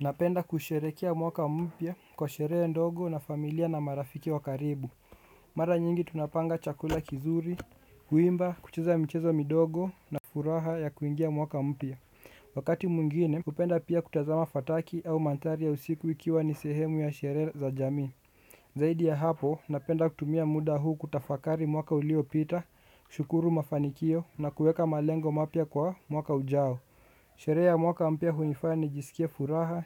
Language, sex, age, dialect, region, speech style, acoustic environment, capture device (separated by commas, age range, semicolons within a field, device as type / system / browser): Swahili, male, 18-24, Kenya - Nairobi Sheng-influenced, Kenya, relaxed; focused, nature; construction, desktop / Windows / Chrome